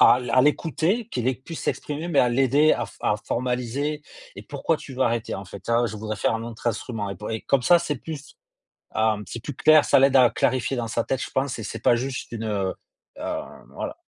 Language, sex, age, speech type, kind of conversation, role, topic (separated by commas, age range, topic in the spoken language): French, male, 45-49, conversation, unstructured, none, Est-il juste de forcer les enfants à apprendre à jouer d’un instrument de musique ?